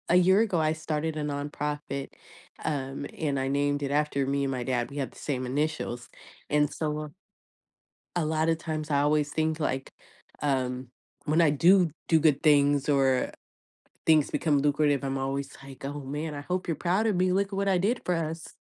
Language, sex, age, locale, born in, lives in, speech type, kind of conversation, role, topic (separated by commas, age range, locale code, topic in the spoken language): English, female, 35-39, en-US, United States, United States, conversation, advice, user, How can I cope with numbness and guilt after the sudden loss of a loved one?